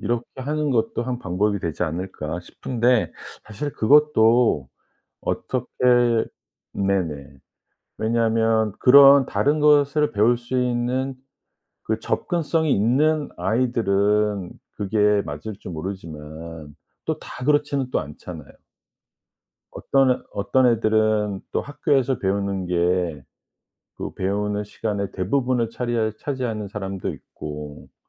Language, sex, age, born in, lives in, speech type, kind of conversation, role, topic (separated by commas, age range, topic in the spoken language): Korean, male, 50-54, South Korea, United States, conversation, podcast, guest, 좋은 선생님이란 어떤 분이라고 생각하시나요?